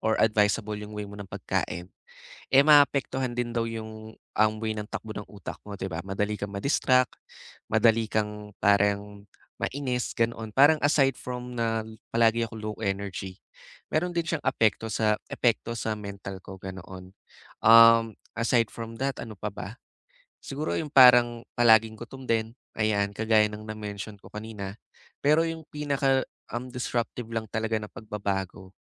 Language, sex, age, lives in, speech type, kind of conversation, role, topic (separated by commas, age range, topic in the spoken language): Filipino, male, 20-24, Philippines, conversation, advice, user, Paano ko masusubaybayan nang madali ang aking araw-araw na mga gawi?